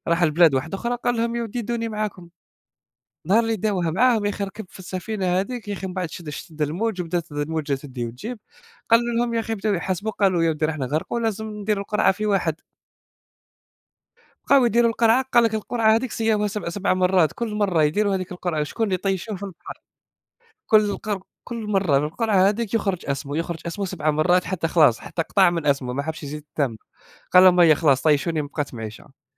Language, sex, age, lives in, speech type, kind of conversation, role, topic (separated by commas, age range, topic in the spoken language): Arabic, male, 20-24, Germany, conversation, unstructured, none, إيه أجمل قصة دينية سمعتها وأثّرت فيك؟